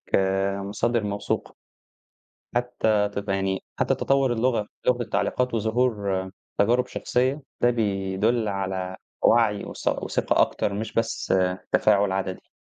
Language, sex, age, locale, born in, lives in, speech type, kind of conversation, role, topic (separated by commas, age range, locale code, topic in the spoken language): Arabic, male, 20-24, ar-EG, Egypt, Egypt, read, expert-assistant, expert, إيه الأفكار المبتكرة اللي ممكن تساعد الصحافة في إنها تفضل مصدر موثوق للمعلومات في زمن السوشيال ميديا والإشاعات؟